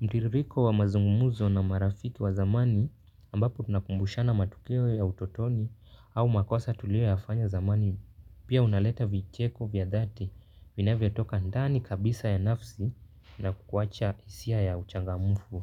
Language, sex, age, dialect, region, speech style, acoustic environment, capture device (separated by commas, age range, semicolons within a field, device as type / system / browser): Swahili, male, 18-24, Kenya - Nairobi Sheng-influenced, Kenya, focused; relaxed, silence, mobile / Linux / Chrome